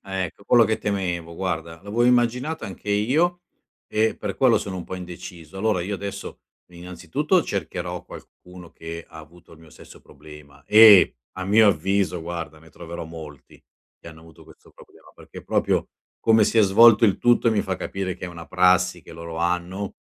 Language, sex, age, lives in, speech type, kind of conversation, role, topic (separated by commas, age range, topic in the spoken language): Italian, male, 50-54, Italy, conversation, advice, user, Come posso ottenere un rimborso o fare un reso se i vestiti comprati online sono di scarsa qualità?